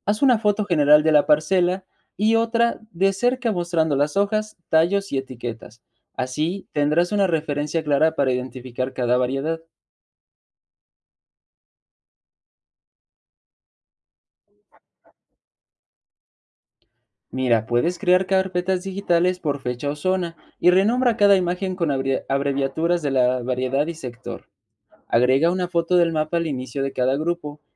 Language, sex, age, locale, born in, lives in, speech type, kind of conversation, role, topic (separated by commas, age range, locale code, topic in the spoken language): Spanish, male, 20-24, es-MX, Mexico, Mexico, read, expert-assistant, expert, ¿Cómo puedo validar si una innovación agrícola, como semillas resistentes, realmente funciona antes de probarla?